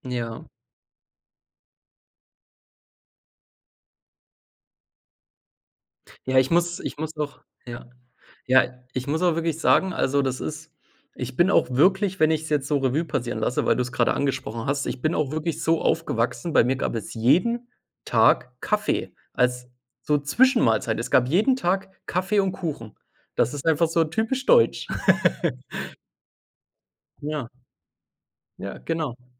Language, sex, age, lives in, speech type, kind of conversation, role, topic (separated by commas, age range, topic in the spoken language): German, male, 25-29, Germany, conversation, unstructured, none, Welche Traditionen möchtest du an die nächste Generation weitergeben?